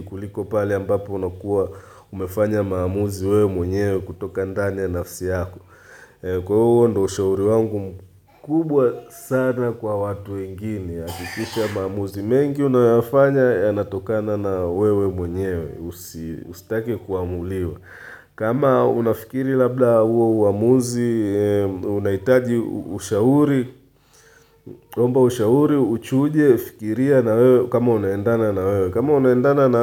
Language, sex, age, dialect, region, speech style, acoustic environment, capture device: Swahili, male, 35-44, Tanzania - Dar es Salaam, Tanzania, focused, silence, mobile / Linux / Chrome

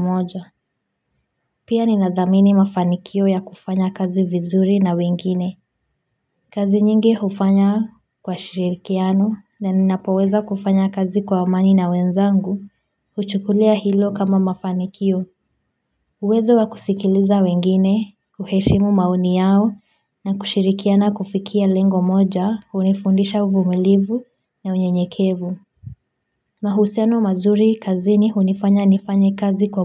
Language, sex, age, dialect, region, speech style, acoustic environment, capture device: Swahili, female, 25-34, Kenya - Mombasa, Kenya, focused, silence, mobile / Linux / Chrome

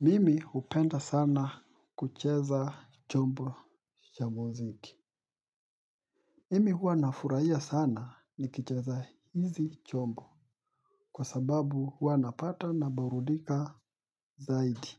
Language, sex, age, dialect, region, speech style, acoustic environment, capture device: Swahili, male, 25-34, Kenya - Mombasa, Kenya, relaxed, silence; people talking, mobile / Linux / Chrome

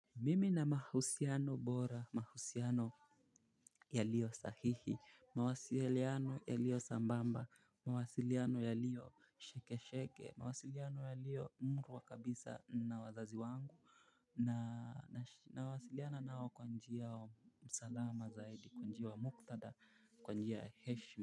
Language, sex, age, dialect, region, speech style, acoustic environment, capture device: Swahili, male, 18-24, Kenya - Mombasa, Kenya, relaxed, silence, mobile / Linux / Chrome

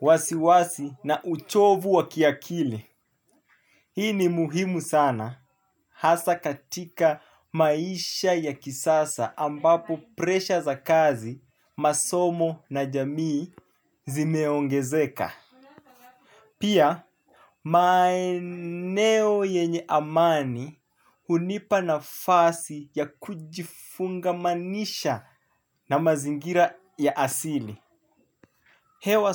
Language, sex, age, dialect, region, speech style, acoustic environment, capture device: Swahili, male, 25-34, Kenya - Mombasa, Kenya, happy; relaxed; focused, nature, mobile / Linux / Chrome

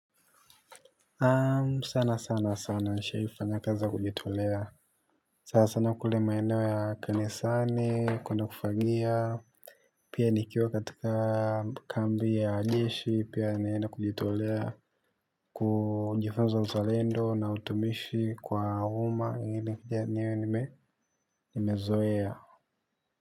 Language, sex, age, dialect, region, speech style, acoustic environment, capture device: Swahili, male, 18-24, Tanzania - Dar es Salaam, Tanzania, happy; focused, silence, mobile / Linux / Chrome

